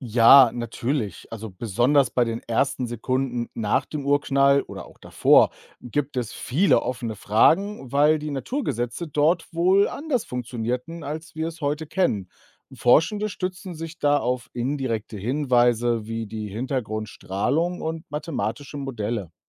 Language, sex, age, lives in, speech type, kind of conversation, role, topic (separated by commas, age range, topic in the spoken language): German, male, 35-39, Germany, read, expert-assistant, expert, Wie kann ich die wichtigsten Etappen der Geschichte unseres Universums übersichtlich ordnen?